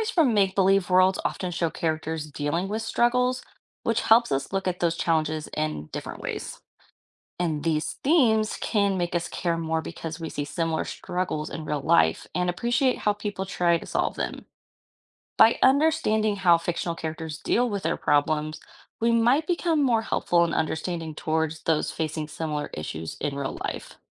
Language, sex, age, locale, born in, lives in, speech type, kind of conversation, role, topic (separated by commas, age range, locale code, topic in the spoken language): English, female, 25-29, en-US, United States, United States, read, expert-assistant, expert, How does reading fiction enhance empathy and understanding?